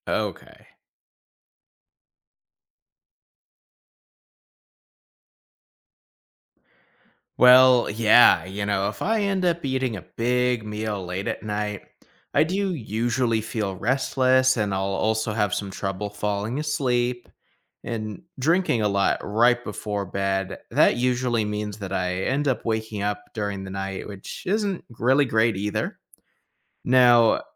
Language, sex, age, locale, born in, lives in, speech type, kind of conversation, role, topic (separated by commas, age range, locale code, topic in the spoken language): English, male, 25-29, en-US, United States, United States, read, expert-assistant, asker, Is waking up early better for health?